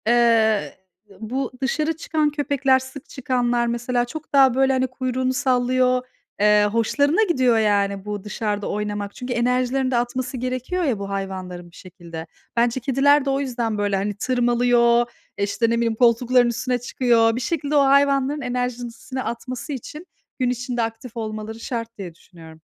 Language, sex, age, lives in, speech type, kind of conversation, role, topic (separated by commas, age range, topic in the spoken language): Turkish, female, 40-44, Netherlands, conversation, unstructured, none, Evcil hayvan olarak kedi mi yoksa köpek mi tercih edersiniz?